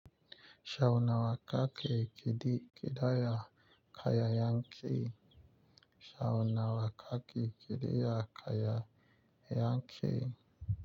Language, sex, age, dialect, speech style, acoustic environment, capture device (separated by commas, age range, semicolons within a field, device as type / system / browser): Hausa, male, 25-34, Nigeria - Nigerian Standard, relaxed, nature, mobile / Linux / Chrome